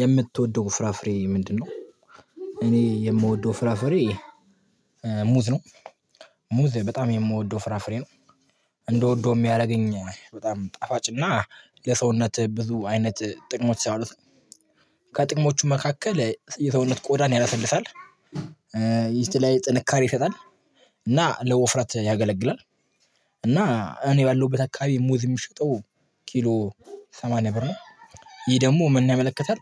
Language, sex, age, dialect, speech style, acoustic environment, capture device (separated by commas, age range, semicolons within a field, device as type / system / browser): Amharic, male, 18-24, Ethiopia - Addis Ababa, happy, people talking, mobile / Linux / Chrome